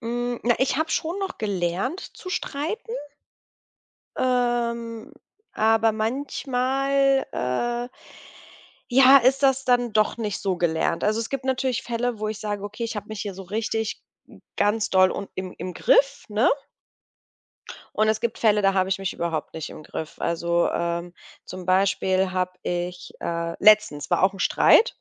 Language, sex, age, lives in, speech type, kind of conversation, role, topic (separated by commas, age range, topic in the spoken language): German, female, 35-39, Germany, conversation, advice, user, Wie gehe ich mit Schuldgefühlen nach einem Streit mit meinem Partner um?